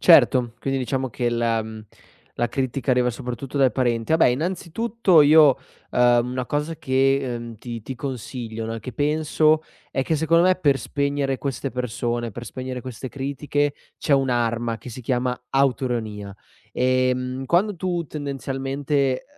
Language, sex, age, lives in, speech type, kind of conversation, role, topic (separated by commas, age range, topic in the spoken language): Italian, male, 25-29, Italy, conversation, advice, advisor, Come dovresti reagire a una critica non richiesta sul tuo aspetto o sul tuo comportamento?